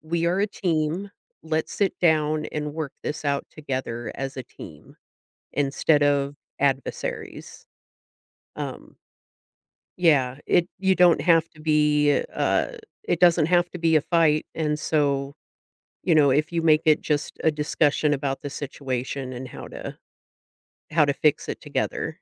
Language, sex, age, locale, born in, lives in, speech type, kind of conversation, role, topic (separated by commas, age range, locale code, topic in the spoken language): English, female, 45-49, en-US, United States, United States, conversation, advice, advisor, How can I tell someone what's bothering me without starting an argument?